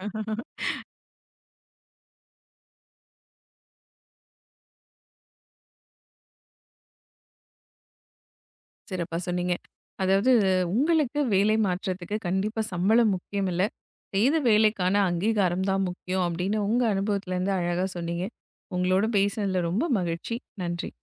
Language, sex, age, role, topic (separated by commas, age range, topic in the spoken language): Tamil, female, 35-39, host, வேலை மாற்றும்போது சம்பளமே முக்கியமான காரணமா?